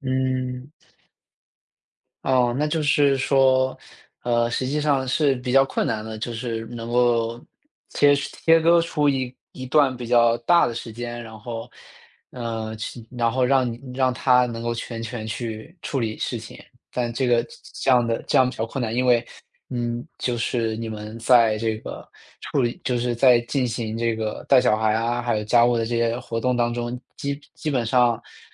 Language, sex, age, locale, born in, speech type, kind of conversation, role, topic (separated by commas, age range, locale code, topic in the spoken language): Chinese, male, 25-29, zh-CN, China, conversation, advice, advisor, 你在照顾家人时，为什么没有时间进行自我照顾？